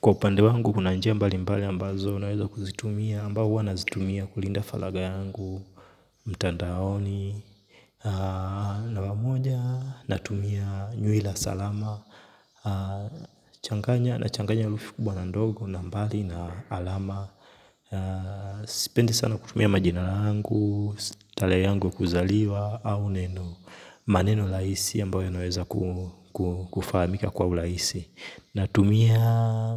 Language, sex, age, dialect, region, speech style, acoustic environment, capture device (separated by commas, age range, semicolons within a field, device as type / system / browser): Swahili, male, 25-34, Tanzania - Dar es Salaam, Tanzania, focused, silence, mobile / Linux / Chrome